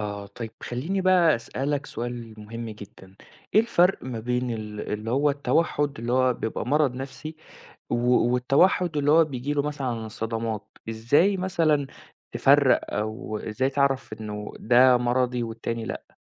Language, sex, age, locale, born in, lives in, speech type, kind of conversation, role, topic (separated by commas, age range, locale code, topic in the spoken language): Arabic, male, 20-24, ar-EG, Saudi Arabia, Egypt, conversation, podcast, host, إيه اللي بيخلّي حد يحسّ بالوحدة رغم إن حواليه ناس؟